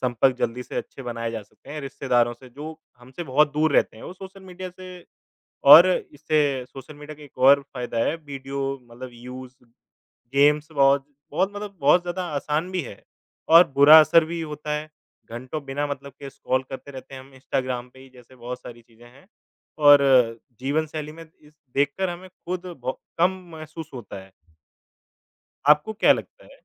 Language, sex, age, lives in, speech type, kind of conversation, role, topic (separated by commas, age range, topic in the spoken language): Hindi, male, 25-29, India, conversation, unstructured, none, क्या आपको लगता है कि सामाजिक माध्यम हमारी ज़िंदगी को खराब कर रहे हैं?